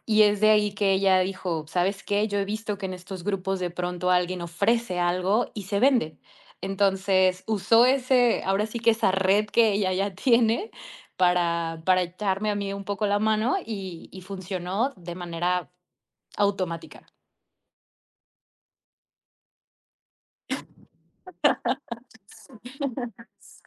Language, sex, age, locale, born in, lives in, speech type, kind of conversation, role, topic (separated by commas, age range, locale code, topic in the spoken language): Spanish, female, 30-34, es-MX, Mexico, Mexico, conversation, podcast, guest, ¿Cómo te llega la inspiración para crear algo nuevo?